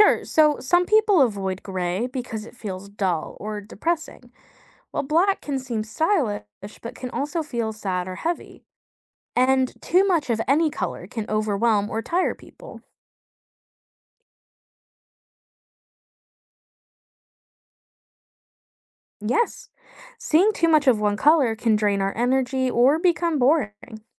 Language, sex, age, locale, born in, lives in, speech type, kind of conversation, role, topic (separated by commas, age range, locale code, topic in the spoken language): English, female, 25-29, en-US, United States, United States, read, expert-assistant, expert, What are the psychological effects of color on mood?